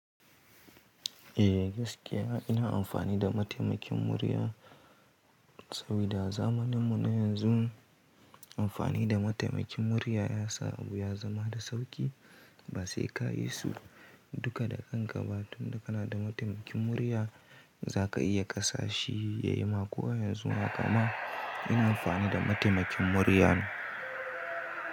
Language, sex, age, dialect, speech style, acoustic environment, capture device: Hausa, male, 18-24, Nigeria - Kano, happy; anxious, nature; silence, mobile / Linux / Chrome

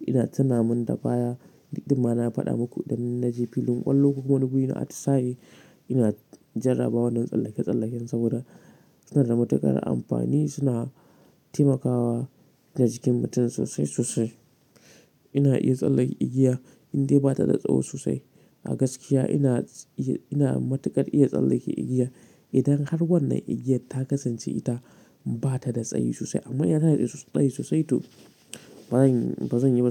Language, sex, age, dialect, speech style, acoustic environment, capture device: Hausa, male, 18-24, Nigeria - Katsina, relaxed; happy; excited; focused, silence, mobile / Linux / Chrome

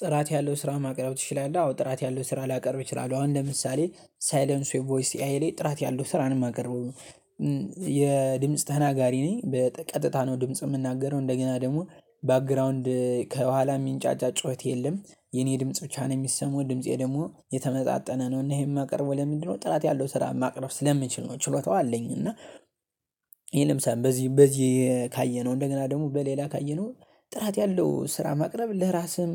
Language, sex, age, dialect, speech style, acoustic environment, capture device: Amharic, male, 18-24, Ethiopia - Gondar, excited; focused, nature, mobile / Linux / Chrome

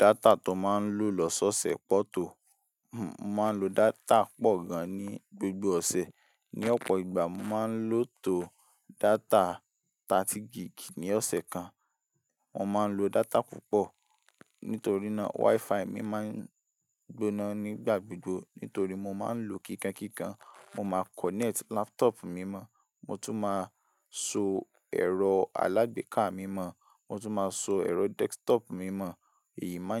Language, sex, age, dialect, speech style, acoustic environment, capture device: Yoruba, male, 18-24, Nigeria - Ibadan, relaxed; focused, silence, mobile / Linux / Chrome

